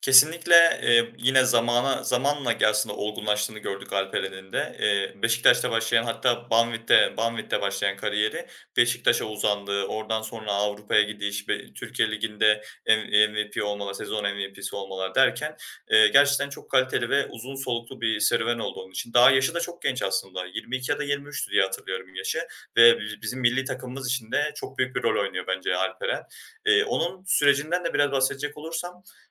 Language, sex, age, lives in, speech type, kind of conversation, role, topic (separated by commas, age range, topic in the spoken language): Turkish, male, 20-24, Germany, conversation, podcast, guest, Sence takım içinde güveni nasıl inşa ediyorsun?